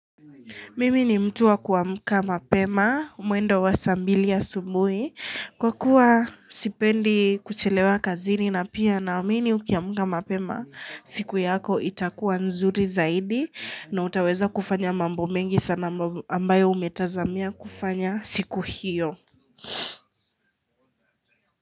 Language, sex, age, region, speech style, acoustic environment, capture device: Swahili, female, 18-24, Kenya, happy, people talking, mobile / Linux / Chrome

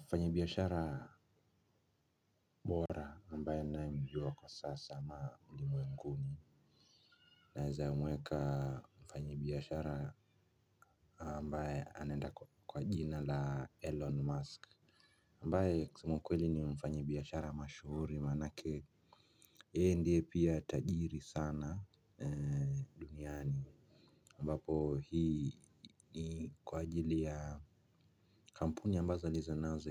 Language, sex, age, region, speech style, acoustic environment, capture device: Swahili, male, 25-34, Kenya, nervous; happy; excited, silence, mobile / Linux / Chrome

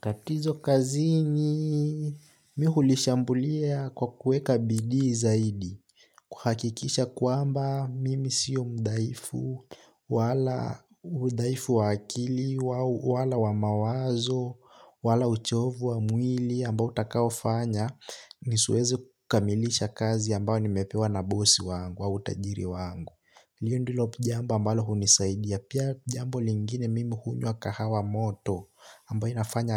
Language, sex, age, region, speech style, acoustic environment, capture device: Swahili, male, 18-24, Kenya, relaxed, nature; silence, desktop / Linux / Chrome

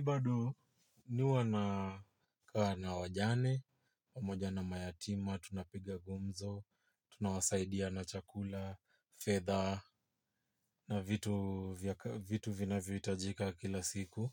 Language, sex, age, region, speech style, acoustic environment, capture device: Swahili, male, 25-34, Kenya, relaxed; focused, nature; silence, mobile / Linux / Chrome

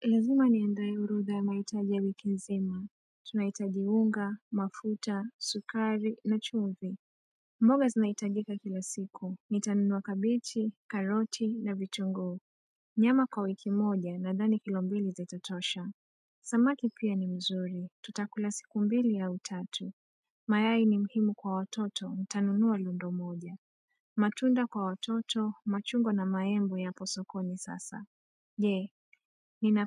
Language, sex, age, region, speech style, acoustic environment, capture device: Swahili, female, 25-34, Kenya, tired, nature, mobile / Android / Chrome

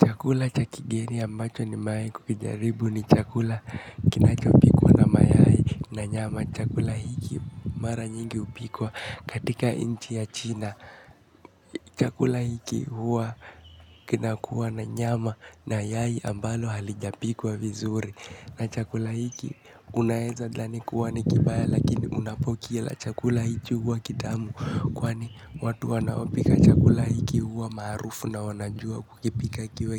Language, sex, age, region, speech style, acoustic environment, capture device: Swahili, male, 18-24, Kenya, relaxed, nature, mobile / Linux / Chrome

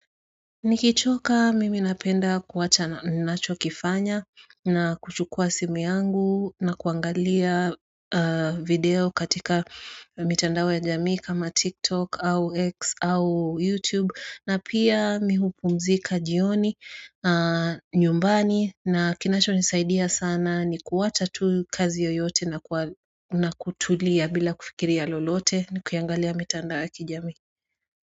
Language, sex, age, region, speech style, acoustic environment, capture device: Swahili, female, 35-44, Kenya, relaxed, silence, mobile / Android / Chrome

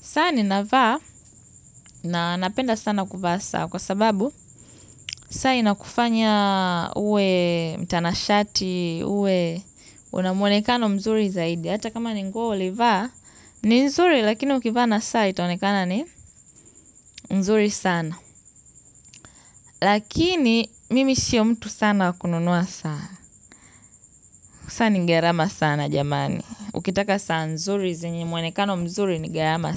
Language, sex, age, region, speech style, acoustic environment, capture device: Swahili, female, 18-24, Tanzania, happy, nature, mobile / Linux / Chrome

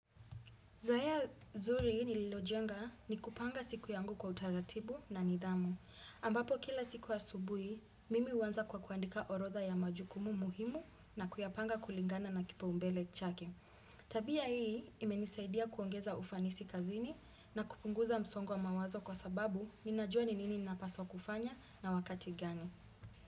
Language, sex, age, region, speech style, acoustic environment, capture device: Swahili, female, 25-34, Kenya, focused, silence, desktop / Windows / Chrome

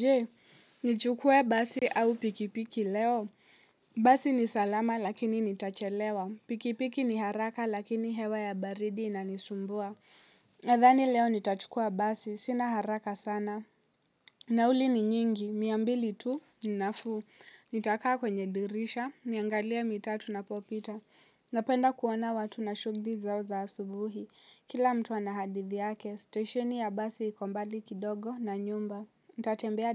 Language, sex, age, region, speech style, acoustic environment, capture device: Swahili, female, 18-24, Kenya, happy; relaxed, people talking; silence; nature, mobile / Linux / Chrome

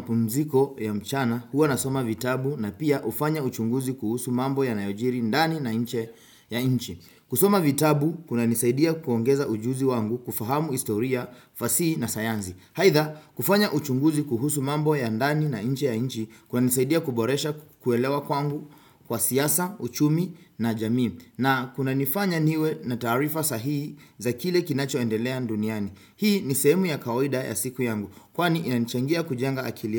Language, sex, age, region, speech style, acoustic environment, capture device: Swahili, male, 25-34, Kenya, focused; relaxed, silence, desktop / Windows / Chrome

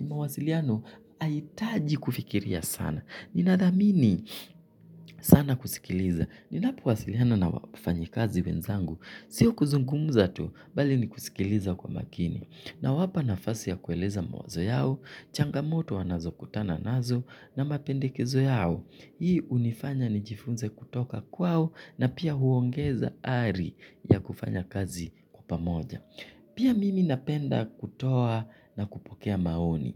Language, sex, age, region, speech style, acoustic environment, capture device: Swahili, male, 25-34, Kenya, relaxed, nature, mobile / Linux / Chrome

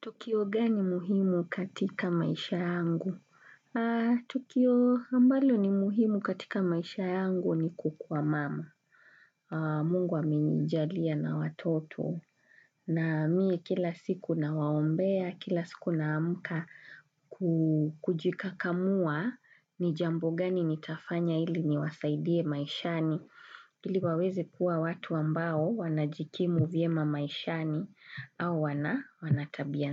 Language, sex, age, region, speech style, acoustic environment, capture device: Swahili, female, 35-44, Kenya, relaxed, traffic, desktop / Windows / Chrome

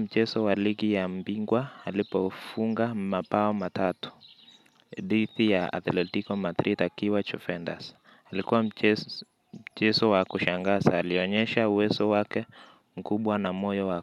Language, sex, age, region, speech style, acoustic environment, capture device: Swahili, male, 25-34, Kenya, relaxed, nature, mobile / Linux / Chrome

